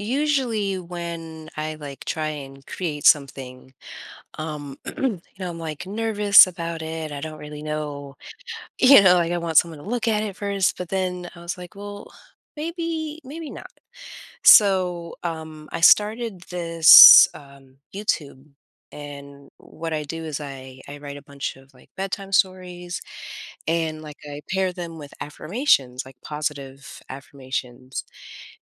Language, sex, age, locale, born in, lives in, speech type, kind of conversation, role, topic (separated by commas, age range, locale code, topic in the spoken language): English, female, 30-34, en-US, United States, United States, conversation, advice, user, How can I celebrate a personal challenge I overcame?